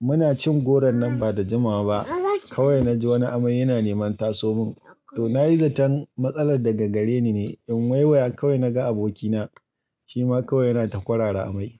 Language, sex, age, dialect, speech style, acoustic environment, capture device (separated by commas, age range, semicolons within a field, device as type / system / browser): Hausa, male, 25-34, Nigeria - Kano, relaxed, silence, mobile / Linux / Chrome